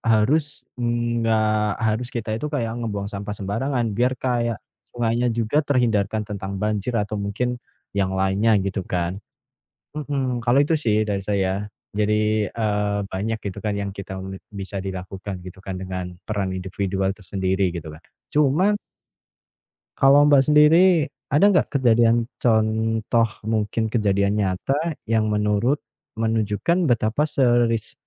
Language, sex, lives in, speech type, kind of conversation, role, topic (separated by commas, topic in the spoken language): Indonesian, female, Indonesia, conversation, unstructured, none, Apa risiko terbesar jika kita mengabaikan kerusakan alam yang terjadi saat ini?